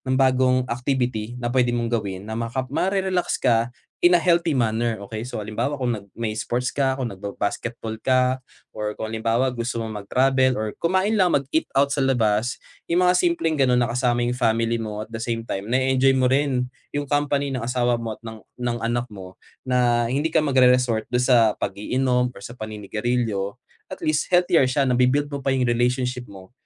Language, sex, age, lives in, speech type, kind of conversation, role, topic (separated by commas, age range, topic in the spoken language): Filipino, male, 25-29, Philippines, conversation, advice, advisor, Paano ako makakabuo ng simple at araw-araw na rutina ng pagninilay-malay?